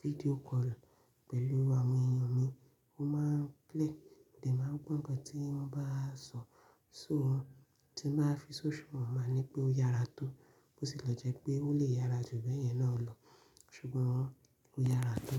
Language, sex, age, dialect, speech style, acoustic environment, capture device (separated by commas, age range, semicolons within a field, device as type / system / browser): Yoruba, female, 25-34, Nigeria - Ibadan, focused, silence; nature, mobile / Linux / Chrome